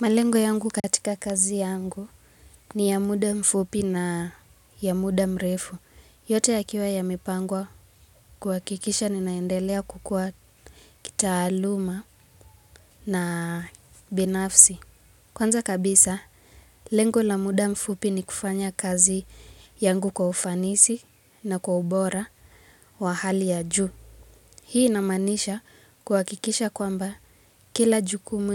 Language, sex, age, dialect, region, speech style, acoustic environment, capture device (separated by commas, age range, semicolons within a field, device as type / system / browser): Swahili, female, 18-24, Kenya - Nairobi Sheng-influenced, Kenya, relaxed, nature, mobile / Linux / Chrome